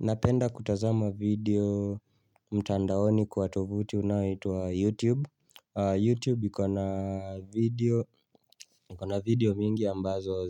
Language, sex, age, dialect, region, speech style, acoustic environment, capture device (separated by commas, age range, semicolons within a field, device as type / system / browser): Swahili, male, 25-34, Kenya - Nairobi Sheng-influenced, Kenya, relaxed, silence, mobile / Linux / Chrome